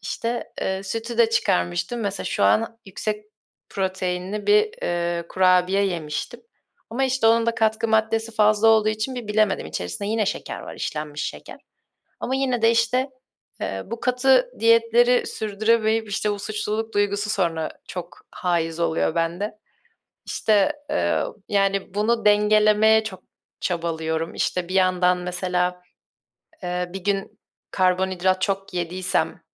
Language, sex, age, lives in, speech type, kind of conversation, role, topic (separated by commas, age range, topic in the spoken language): Turkish, female, 20-24, Germany, conversation, advice, user, Katı diyetleri sürdüremediğin için suçluluk hissettiğinde kendini nasıl hissediyorsun?